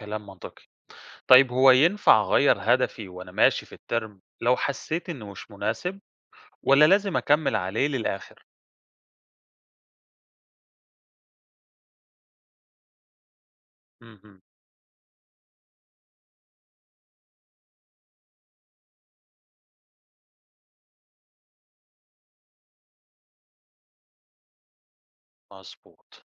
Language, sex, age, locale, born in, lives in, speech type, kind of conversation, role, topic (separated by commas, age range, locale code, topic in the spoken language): Arabic, male, 30-34, ar-EG, Egypt, Greece, read, expert-assistant, asker, ما أهمية تحديد الأهداف الدراسية لكل فصل؟